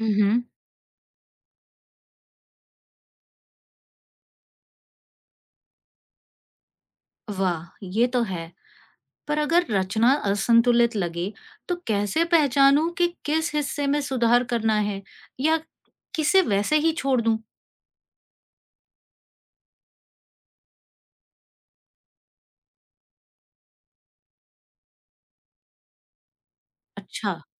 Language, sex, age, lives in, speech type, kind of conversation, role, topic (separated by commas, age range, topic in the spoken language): Hindi, female, 40-44, India, read, expert-assistant, asker, डिजिटल आर्ट बनाते समय आप अपने आइडियाज को किस तरह से योजना-बद्ध करते हैं, जिससे काम आसान और मज़ेदार रहे?